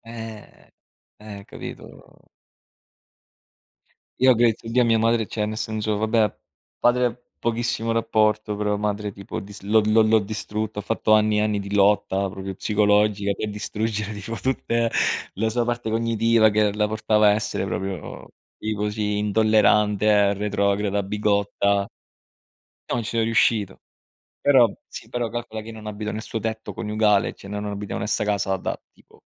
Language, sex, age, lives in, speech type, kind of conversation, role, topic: Italian, male, 30-34, Italy, conversation, unstructured, none, Come reagisci quando qualcuno banalizza la morte degli altri?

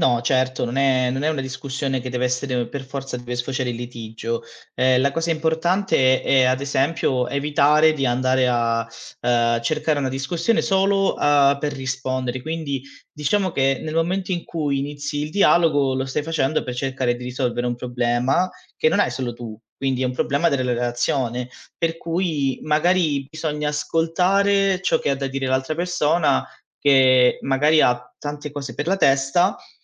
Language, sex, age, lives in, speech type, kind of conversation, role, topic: Italian, male, 25-29, Italy, conversation, advice, advisor, Come posso affrontare il freddo emotivo e la mancanza di comunicazione tra me e il mio coniuge?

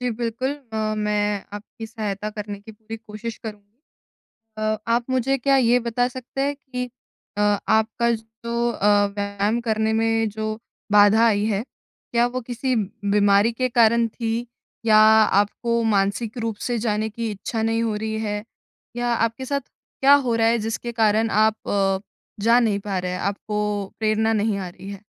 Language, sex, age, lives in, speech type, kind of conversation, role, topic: Hindi, female, 20-24, India, conversation, advice, advisor, चोट या किसी रुकावट के दौरान मैं प्रेरणा कैसे बनाए रखूँ?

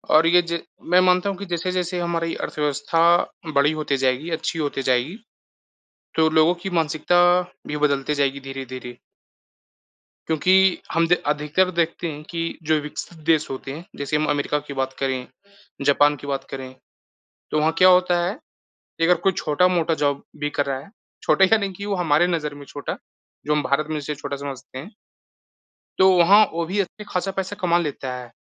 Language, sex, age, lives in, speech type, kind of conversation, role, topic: Hindi, male, 20-24, India, conversation, unstructured, none, क्या वर्तमान परीक्षा प्रणाली छात्रों का सही और निष्पक्ष मूल्यांकन करती है?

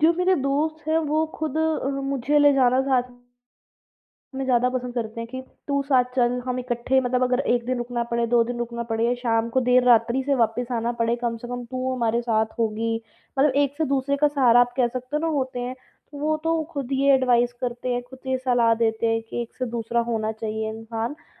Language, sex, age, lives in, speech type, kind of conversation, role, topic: Hindi, female, 20-24, India, conversation, advice, user, मैं समारोह में दूसरों की अपेक्षाओं से कैसे मुक्त रहूँ?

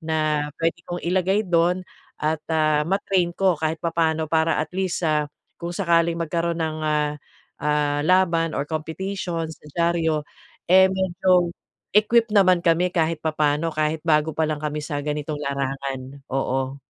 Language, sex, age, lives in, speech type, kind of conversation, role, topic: Filipino, female, 45-49, Philippines, conversation, advice, user, Paano ko mapapaunlad ang kumpiyansa ko sa pagtanggap ng papuri?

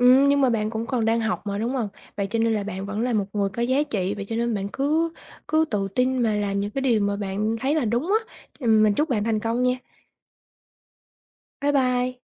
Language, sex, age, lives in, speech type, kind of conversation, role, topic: Vietnamese, female, 20-24, Vietnam, conversation, advice, advisor, Làm sao để tách lời phê bình khỏi giá trị bản thân?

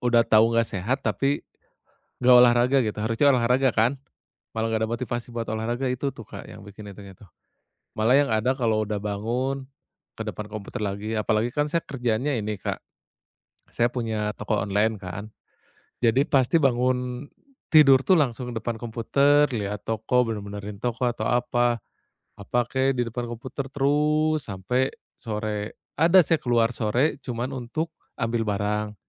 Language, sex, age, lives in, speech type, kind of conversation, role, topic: Indonesian, male, 30-34, Indonesia, conversation, advice, user, Bagaimana saya bisa mulai bergerak setiap hari dan tetap konsisten saat motivasi saya rendah?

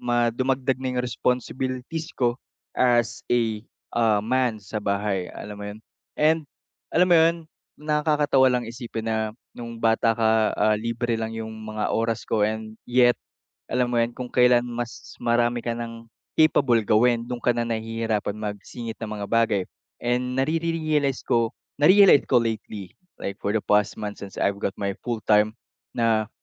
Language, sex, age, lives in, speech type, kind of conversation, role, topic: Filipino, male, 45-49, Philippines, conversation, advice, user, Bakit nahihirapan akong mag-enjoy sa libangan kapag pagod ako?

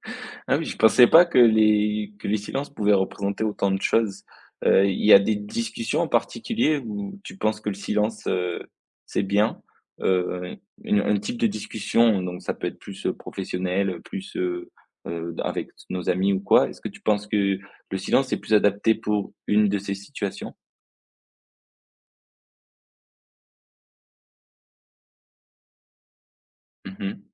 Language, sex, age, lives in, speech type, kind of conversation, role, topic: French, male, 20-24, France, conversation, podcast, host, Quelle place faut-il donner au silence pour bien écouter ?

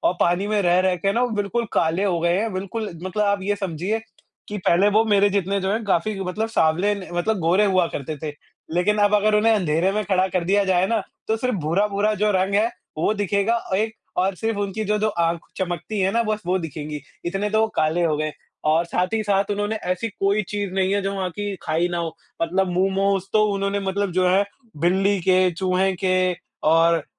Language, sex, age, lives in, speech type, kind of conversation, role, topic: Hindi, male, 20-24, India, conversation, unstructured, none, आपका सबसे पसंदीदा यात्रा अनुभव कौन सा रहा है?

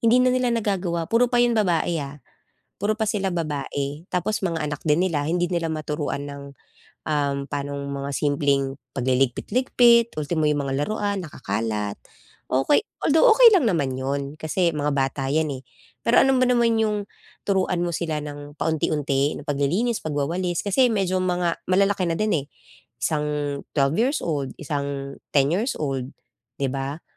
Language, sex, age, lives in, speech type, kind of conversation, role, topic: Filipino, female, 35-39, Philippines, conversation, advice, user, Paano ko haharapin ang tensyon sa kasama ko sa bahay dahil sa magkakaibang kaugalian?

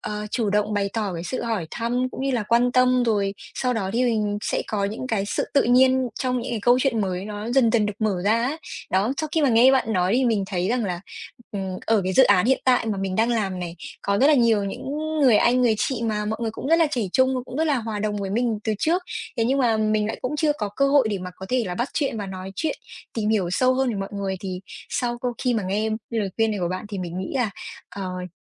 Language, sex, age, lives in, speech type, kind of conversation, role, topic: Vietnamese, female, 20-24, Vietnam, conversation, advice, user, Làm sao để kiểm soát và giảm lo lắng khi giao tiếp với người lạ?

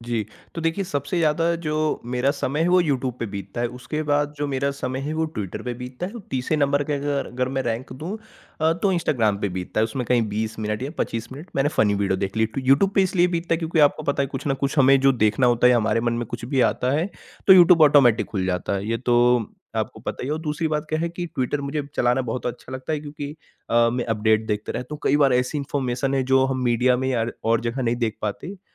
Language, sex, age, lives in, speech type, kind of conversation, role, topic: Hindi, male, 40-44, India, conversation, podcast, guest, Kya social media dekhne se tumhara mood badal jata hai?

